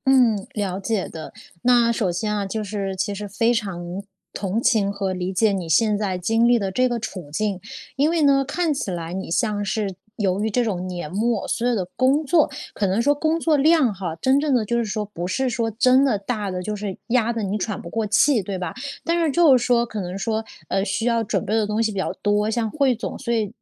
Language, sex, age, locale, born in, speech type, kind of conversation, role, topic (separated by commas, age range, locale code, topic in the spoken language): Chinese, female, 30-34, zh-CN, China, conversation, advice, advisor, 我在家想放松却总是分心，该怎么办？